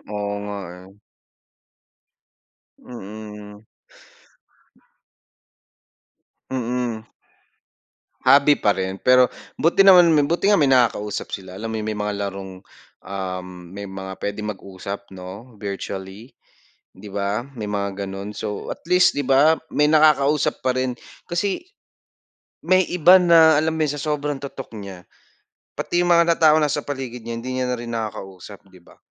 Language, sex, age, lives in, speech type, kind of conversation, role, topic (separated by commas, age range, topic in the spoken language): Filipino, male, 25-29, Philippines, conversation, unstructured, none, Ano ang pinakamasayang alaala mo habang ginagawa ang paborito mong libangan?